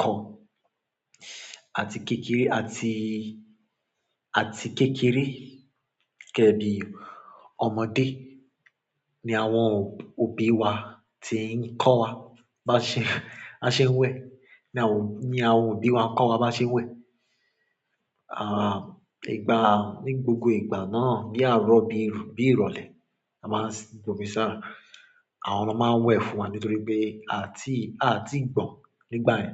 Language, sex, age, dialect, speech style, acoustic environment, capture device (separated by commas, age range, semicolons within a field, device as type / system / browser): Yoruba, male, 25-34, Nigeria - Lagos Yoruba, happy, silence, mobile / Android / Chrome